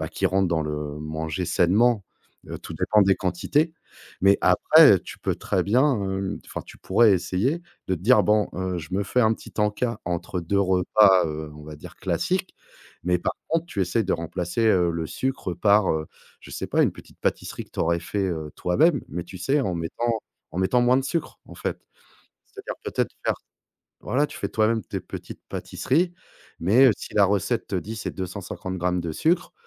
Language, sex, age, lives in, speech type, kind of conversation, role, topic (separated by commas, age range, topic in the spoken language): French, male, 35-39, France, conversation, advice, advisor, Comment gérer mon envie quotidienne de grignoter le soir alors que je veux manger sainement ?